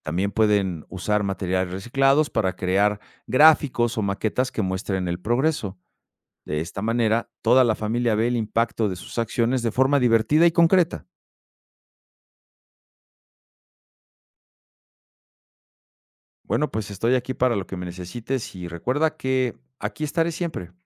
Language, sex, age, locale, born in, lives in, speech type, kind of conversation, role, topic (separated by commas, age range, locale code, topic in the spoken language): Spanish, male, 50-54, es-MX, Mexico, Mexico, read, expert-assistant, expert, ¿Cuál es la mejor manera de aprender a reducir tu huella ecológica en la vida diaria?